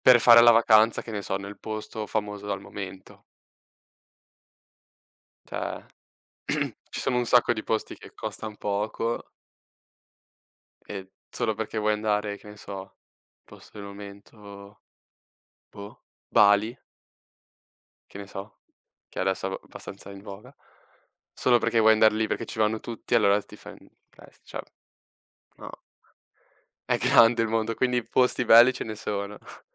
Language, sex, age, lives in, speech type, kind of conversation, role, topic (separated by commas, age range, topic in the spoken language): Italian, male, 18-19, Italy, conversation, unstructured, none, Preferisci risparmiare o spendere subito?